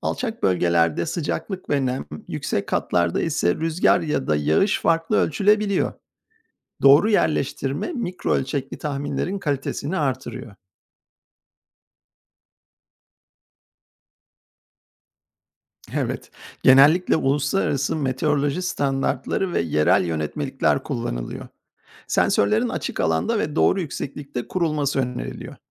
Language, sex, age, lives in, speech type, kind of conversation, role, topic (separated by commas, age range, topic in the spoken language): Turkish, male, 50-54, United States, read, expert-assistant, expert, Dışarı çıkmadan önce hava durumu tahminlerine bakarken hangi uygulama ya da siteler gerçekten doğru bilgi veriyor?